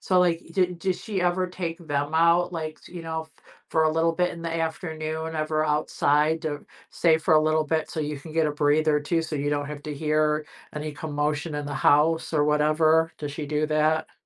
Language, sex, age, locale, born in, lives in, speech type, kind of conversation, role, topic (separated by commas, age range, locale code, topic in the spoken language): English, female, 55-59, en-US, United States, United States, conversation, advice, advisor, How can I reduce stress while balancing job demands and family responsibilities?